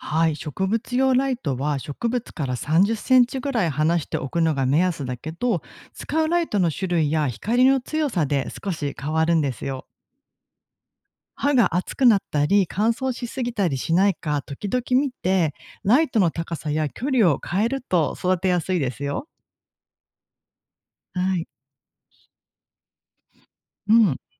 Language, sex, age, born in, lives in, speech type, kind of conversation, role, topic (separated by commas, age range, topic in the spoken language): Japanese, female, 40-44, Japan, Japan, read, expert-assistant, expert, どうして植物は光がないと育たないのですか？